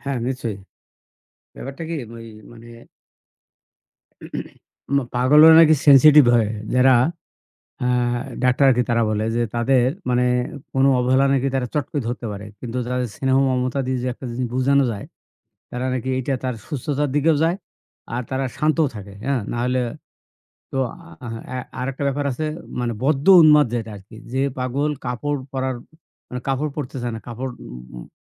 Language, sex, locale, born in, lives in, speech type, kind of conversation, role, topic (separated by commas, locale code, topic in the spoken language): Bengali, male, bn-BD, Bangladesh, Bangladesh, conversation, unstructured, none, মানসিক অসুস্থতার কারণে মানুষকে অবজ্ঞা করা কি ঠিক?